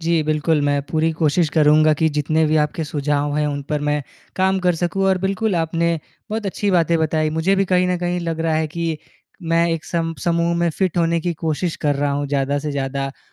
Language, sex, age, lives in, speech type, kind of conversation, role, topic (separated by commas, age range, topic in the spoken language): Hindi, male, 20-24, India, conversation, advice, user, समूह दबाव के समय मैं अपने व्यक्तिगत मूल्यों पर कैसे कायम रहूँ?